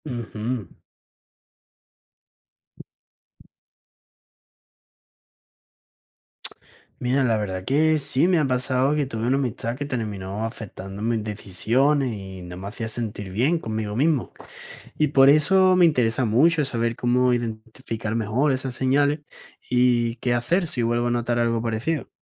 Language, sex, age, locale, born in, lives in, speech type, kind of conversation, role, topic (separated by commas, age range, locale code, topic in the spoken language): Spanish, male, 20-24, es-ES, Spain, Spain, read, expert-assistant, asker, ¿Qué papel juega la amistad en el crecimiento personal?